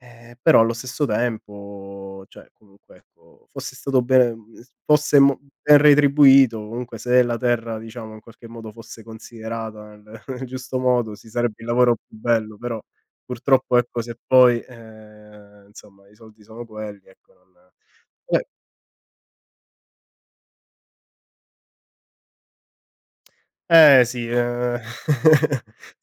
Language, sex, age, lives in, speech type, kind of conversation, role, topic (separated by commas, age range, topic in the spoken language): Italian, male, 30-34, Italy, conversation, unstructured, none, Qual è il tuo modo preferito per rilassarti dopo una giornata intensa?